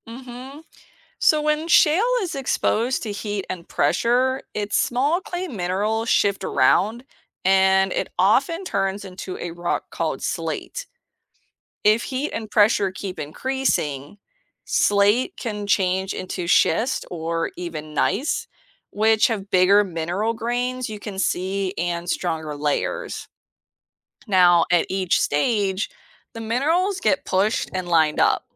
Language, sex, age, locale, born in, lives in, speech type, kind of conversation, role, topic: English, female, 35-39, en-US, United States, United States, read, expert-assistant, expert, What are the main stages of the rock cycle?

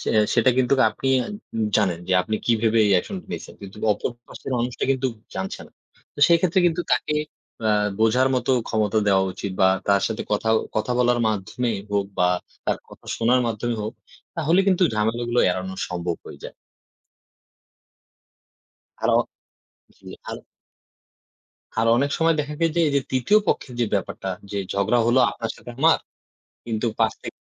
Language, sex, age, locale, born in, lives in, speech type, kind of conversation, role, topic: Bengali, male, 20-24, bn-BD, Bangladesh, Bangladesh, conversation, unstructured, none, আপনি কি কখনো দেখেছেন যে কোনো ছোট মনোমালিন্য বড় দ্বন্দ্বে পরিণত হয়েছে?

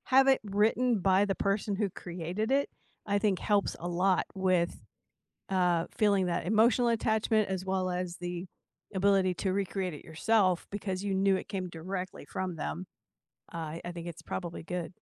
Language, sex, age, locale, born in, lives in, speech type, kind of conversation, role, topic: English, female, 60-64, en-US, United States, United States, conversation, unstructured, none, How do you feel when a family recipe is lost over time?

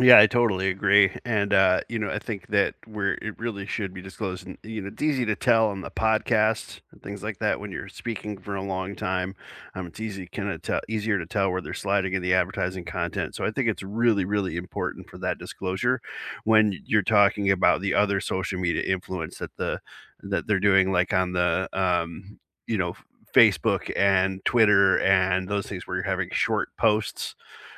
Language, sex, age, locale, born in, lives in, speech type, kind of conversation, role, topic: English, male, 50-54, en-US, United States, United States, conversation, unstructured, none, Should influencers always disclose sponsored content, or only when promotions are clearly recognizable as ads?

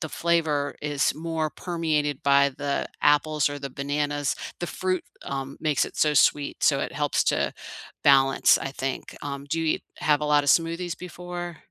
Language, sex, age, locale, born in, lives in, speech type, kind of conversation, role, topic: English, female, 55-59, en-US, United States, United States, conversation, unstructured, none, What is one small, doable goal you’re excited to pursue this season, and why does it matter to you?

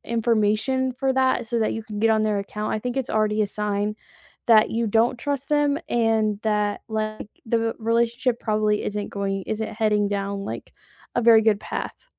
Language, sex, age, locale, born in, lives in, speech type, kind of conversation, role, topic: English, female, 20-24, en-US, United States, United States, conversation, unstructured, none, What do you think about sharing passwords in a relationship?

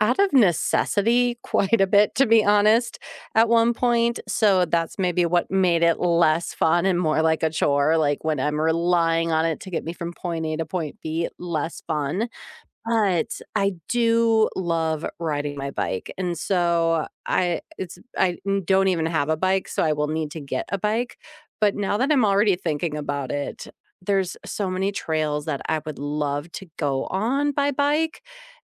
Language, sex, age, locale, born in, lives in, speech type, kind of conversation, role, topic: English, female, 40-44, en-US, United States, United States, conversation, unstructured, none, How does spending time outside affect your mood?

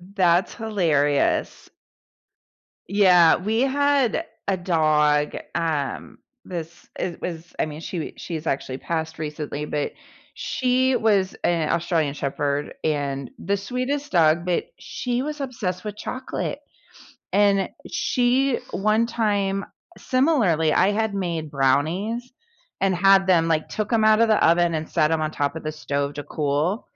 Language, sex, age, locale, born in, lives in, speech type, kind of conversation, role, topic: English, female, 45-49, en-US, United States, United States, conversation, unstructured, none, What is the funniest thing a pet has done around you?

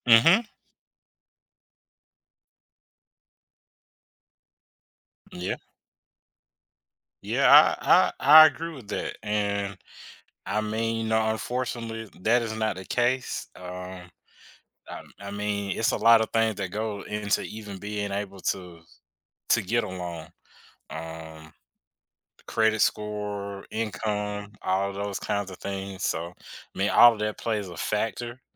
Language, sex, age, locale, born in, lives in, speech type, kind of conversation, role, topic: English, male, 25-29, en-US, United States, United States, conversation, unstructured, none, How does borrowing and sharing help build trust and connection in a community?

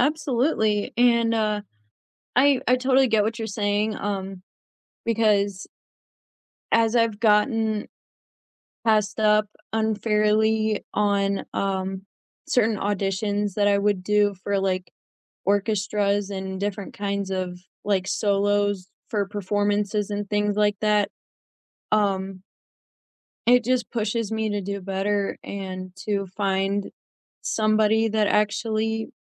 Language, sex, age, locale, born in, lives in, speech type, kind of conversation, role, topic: English, female, 20-24, en-US, United States, United States, conversation, unstructured, none, Have you ever been unfairly passed over for a promotion?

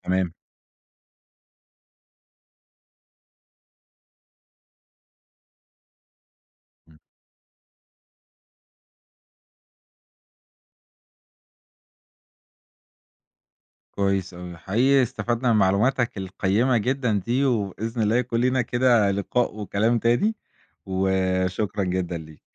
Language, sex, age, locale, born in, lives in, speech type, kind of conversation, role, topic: Arabic, male, 20-24, ar-EG, Egypt, Egypt, conversation, podcast, host, إزاي بتحافظ على التوازن بين التكنولوجيا وحياتك؟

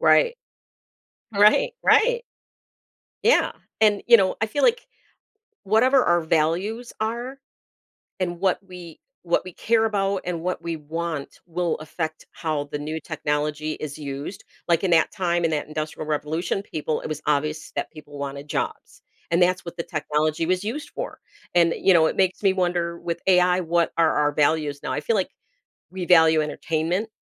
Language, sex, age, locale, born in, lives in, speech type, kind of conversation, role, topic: English, female, 55-59, en-US, United States, United States, conversation, podcast, host, Why do certain moments in history capture our imagination more than others?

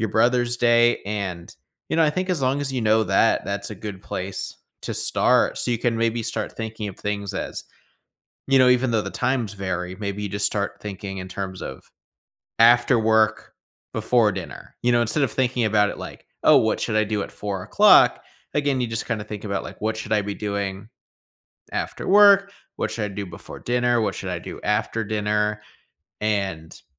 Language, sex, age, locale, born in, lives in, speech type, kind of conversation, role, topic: English, male, 25-29, en-US, United States, United States, conversation, advice, advisor, How can I add more adventure to my routine?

